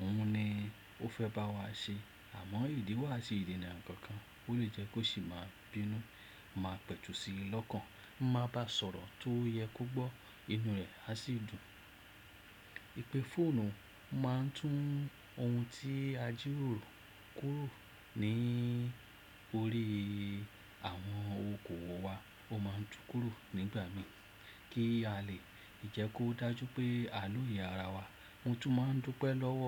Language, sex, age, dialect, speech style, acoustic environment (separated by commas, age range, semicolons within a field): Yoruba, male, 35-44, Nigeria - Lagos Yoruba, relaxed; excited, silence